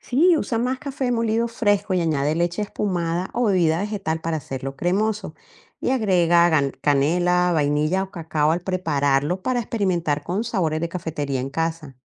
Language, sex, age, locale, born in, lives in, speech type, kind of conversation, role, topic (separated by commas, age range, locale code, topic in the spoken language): Spanish, female, 55-59, es-CO, Colombia, United States, read, expert-assistant, expert, ¿Es cierto que comprar café todos los días puede arruinar tus finanzas personales a largo plazo, o es solo un mito popular?